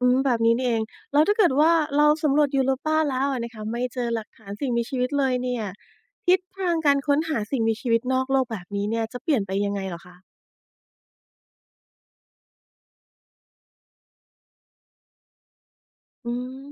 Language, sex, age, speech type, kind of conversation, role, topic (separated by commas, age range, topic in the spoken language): Thai, female, 40-44, read, expert-assistant, asker, ถ้าต้องจัดลำดับดาวเคราะห์หรือดวงจันทร์ที่มีศักยภาพจะพบชีวิตมากที่สุดในระบบสุริยะของเรา คุณคิดว่าควรให้ความสำคัญกับที่ไหนก่อน และเพราะอะไร?